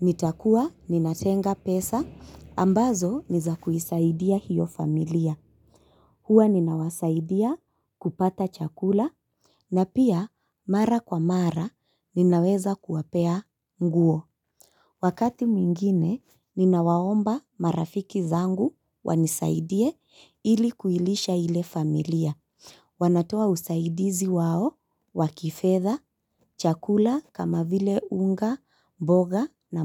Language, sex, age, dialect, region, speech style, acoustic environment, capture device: Swahili, female, 25-34, Kenya - Mombasa, Kenya, relaxed, construction; nature, mobile / Linux / Chrome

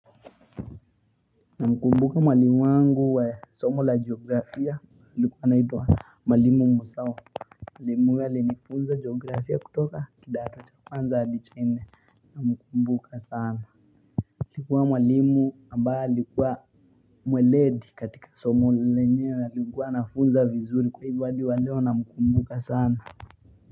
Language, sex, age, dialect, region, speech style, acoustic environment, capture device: Swahili, male, 18-24, Kenya - Mombasa, Kenya, focused; relaxed, people talking, mobile / Linux / Chrome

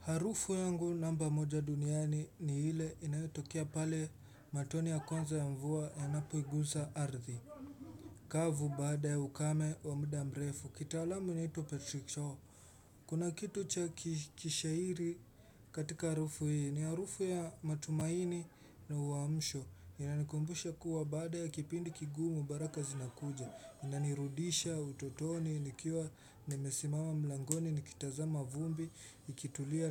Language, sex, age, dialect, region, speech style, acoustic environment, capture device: Swahili, male, 18-24, Kenya - Mombasa, Kenya, relaxed, silence, desktop / Windows / Chrome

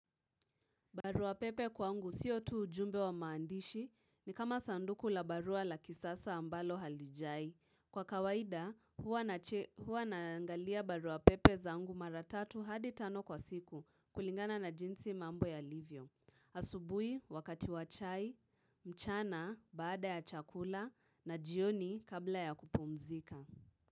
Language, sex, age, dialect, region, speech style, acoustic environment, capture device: Swahili, female, 18-24, Kenya - Nairobi Sheng-influenced, Kenya, relaxed, nature, mobile / Linux / Chrome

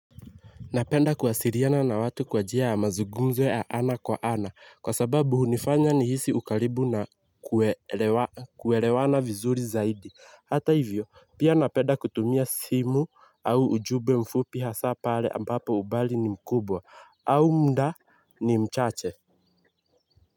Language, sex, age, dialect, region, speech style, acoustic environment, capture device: Swahili, male, 18-24, Kenya - Nairobi Sheng-influenced, Kenya, happy, silence, mobile / Linux / Chrome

